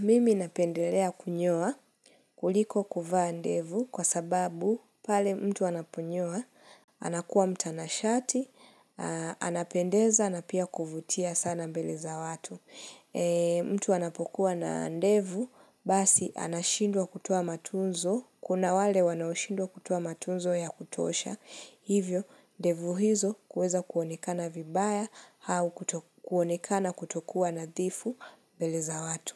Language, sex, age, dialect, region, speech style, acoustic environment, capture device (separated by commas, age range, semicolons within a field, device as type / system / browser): Swahili, female, 25-34, Kenya - Nairobi Sheng-influenced, Kenya, relaxed; focused, silence, mobile / Linux / Chrome